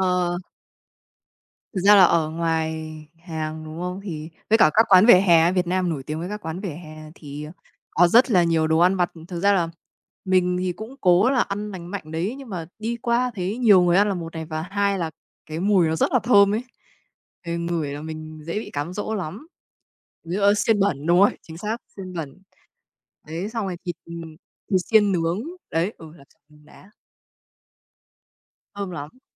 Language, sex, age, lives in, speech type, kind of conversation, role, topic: Vietnamese, female, 30-34, Vietnam, conversation, unstructured, none, Làm thế nào để bạn duy trì thói quen ăn uống lành mạnh?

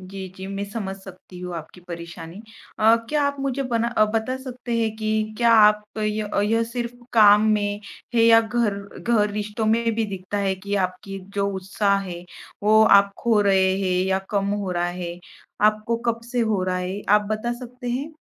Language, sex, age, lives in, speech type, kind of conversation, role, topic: Hindi, female, 25-29, India, conversation, advice, advisor, लगातार काम करने से आपको बर्नआउट और उत्साह की कमी क्यों महसूस हो रही है?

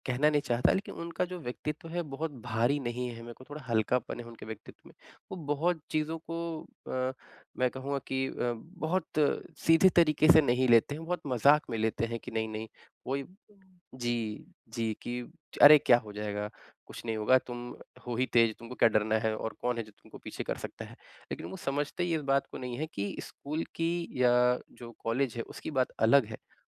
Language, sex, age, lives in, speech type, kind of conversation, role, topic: Hindi, male, 25-29, India, conversation, advice, user, मैं दोस्तों की अपेक्षाओं के दबाव को कैसे संभालूँ?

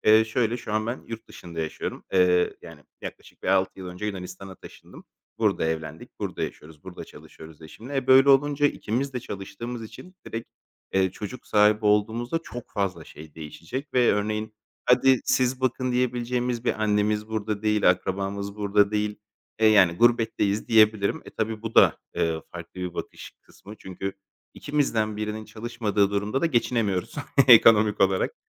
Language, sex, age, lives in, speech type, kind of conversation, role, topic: Turkish, male, 30-34, Greece, conversation, advice, user, Evlilik ve çocuk sahibi olma beklentisi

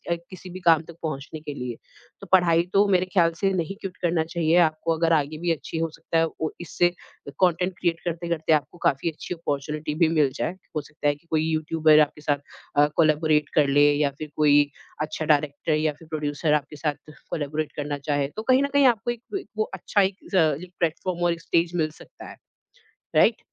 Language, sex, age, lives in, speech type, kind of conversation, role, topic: Hindi, female, 30-34, India, conversation, podcast, host, Tum apne passion ko practical kaise bana rahe ho?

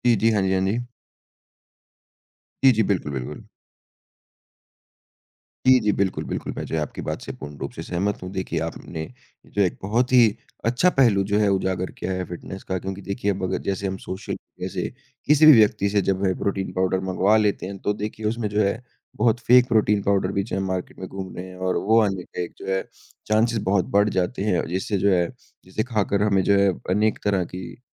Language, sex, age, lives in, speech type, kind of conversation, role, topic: Hindi, male, 20-24, India, conversation, unstructured, none, क्या आपको लगता है कि सोशल मीडिया ने फिटनेस पर नकारात्मक असर डाला है?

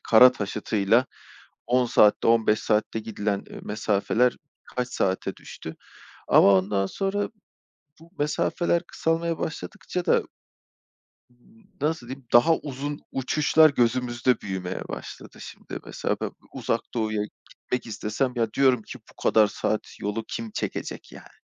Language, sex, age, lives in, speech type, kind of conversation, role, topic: Turkish, male, 35-39, Poland, conversation, unstructured, none, Gelecekte hangi teknolojik icat seni en çok şaşırtır?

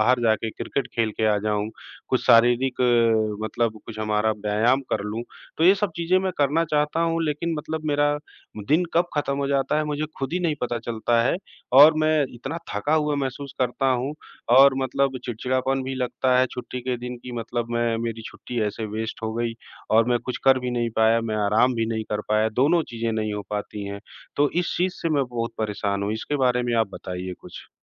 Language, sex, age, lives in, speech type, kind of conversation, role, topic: Hindi, male, 40-44, India, conversation, advice, user, छुट्टी के बाद भी आपको पूरा आराम क्यों नहीं मिल रहा है?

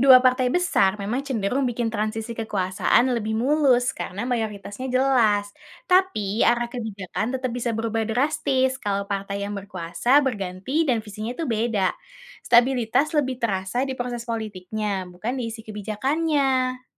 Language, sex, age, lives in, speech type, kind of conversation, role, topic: Indonesian, female, 20-24, Indonesia, read, expert-assistant, expert, Apa keuntungan dan kerugian jika negara memakai dua partai besar dibanding banyak partai dalam politiknya?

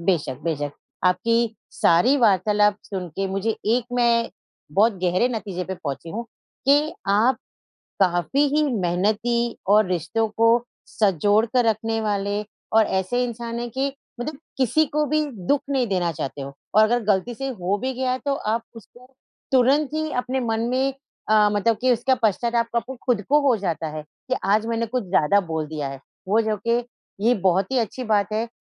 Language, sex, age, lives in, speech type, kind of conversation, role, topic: Hindi, female, 50-54, India, conversation, advice, advisor, परिवार के साथ रहते हुए रिश्तों में तनाव संभालना और अपनी सीमाएँ तय करना मेरे लिए इतना मुश्किल क्यों हो रहा है?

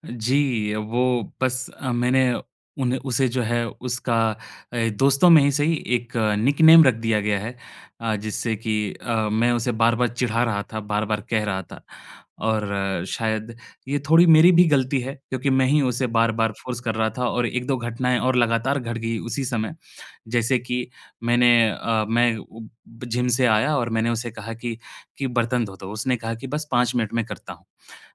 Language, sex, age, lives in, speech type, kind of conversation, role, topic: Hindi, male, 25-29, India, conversation, advice, user, संवाद कौशल और संघर्ष समाधान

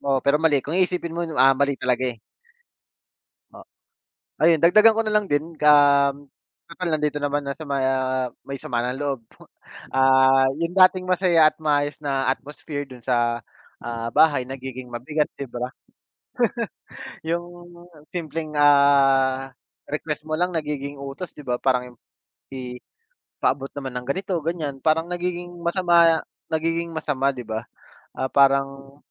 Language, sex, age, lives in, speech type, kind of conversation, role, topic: Filipino, male, 30-34, Philippines, conversation, unstructured, none, Ano ang epekto ng pagtutulungan sa mga gawaing-bahay sa ugnayan ng pamilya?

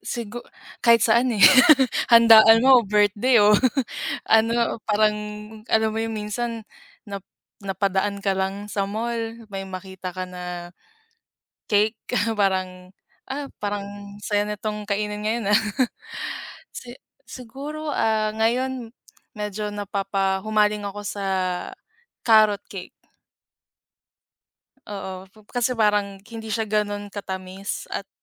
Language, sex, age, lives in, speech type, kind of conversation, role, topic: Filipino, female, 25-29, Philippines, conversation, unstructured, none, Anong pagkain ang laging nagpapasaya sa iyo kapag nakakain mo ito?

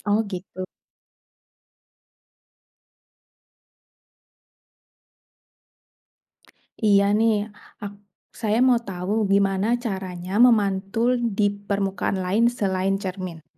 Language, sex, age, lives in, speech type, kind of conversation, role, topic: Indonesian, female, 30-34, Indonesia, read, expert-assistant, asker, Mengapa kita bisa melihat bayangan di cermin?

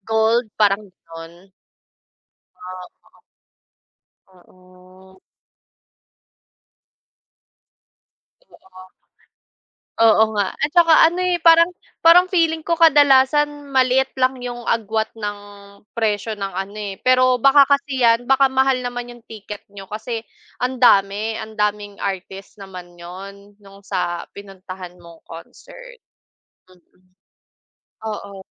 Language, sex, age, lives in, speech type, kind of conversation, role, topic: Filipino, female, 25-29, Philippines, conversation, unstructured, none, Ano ang pinakanatatandaan mong konsiyertong napuntahan mo?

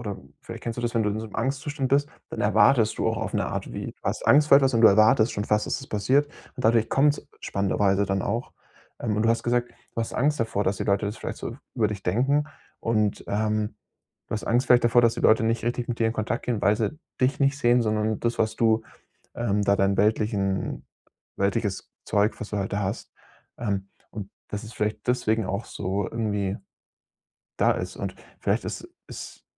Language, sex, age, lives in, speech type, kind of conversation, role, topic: German, male, 25-29, Germany, conversation, advice, advisor, Wie gehe ich mit Neid und Erwartungsdruck in meinem Umfeld um?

